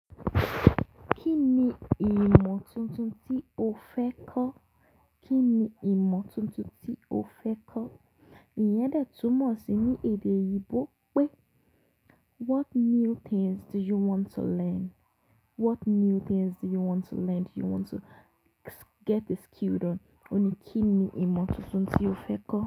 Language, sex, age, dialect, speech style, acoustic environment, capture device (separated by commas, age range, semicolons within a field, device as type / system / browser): Yoruba, female, 18-24, Nigeria - Lagos Yoruba, relaxed, silence, mobile / Linux / Chrome